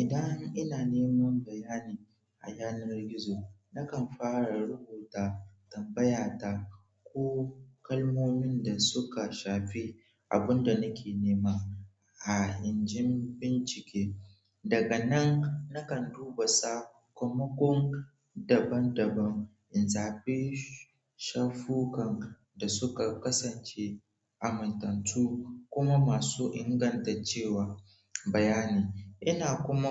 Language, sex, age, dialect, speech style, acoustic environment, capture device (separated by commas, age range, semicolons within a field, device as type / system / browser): Hausa, male, 18-24, Nigeria - Nigerian Standard, focused; relaxed, people talking; nature, mobile / Android / Chrome